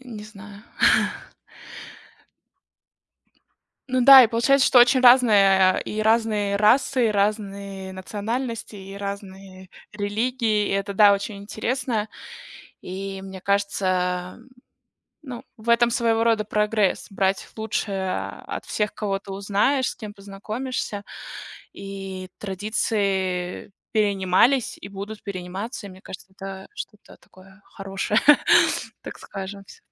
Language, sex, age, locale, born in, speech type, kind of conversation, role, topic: Russian, female, 30-34, ru-RU, Russia, conversation, unstructured, none, Какие традиции вашего сообщества вам нравятся больше всего?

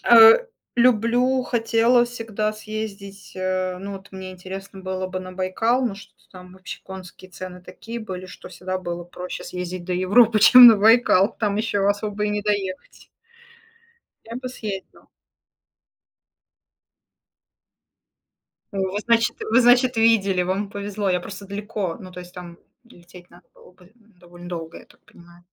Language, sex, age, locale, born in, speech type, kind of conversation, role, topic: Russian, female, 35-39, ru-RU, Russia, conversation, unstructured, none, Как природа влияет на ваше настроение?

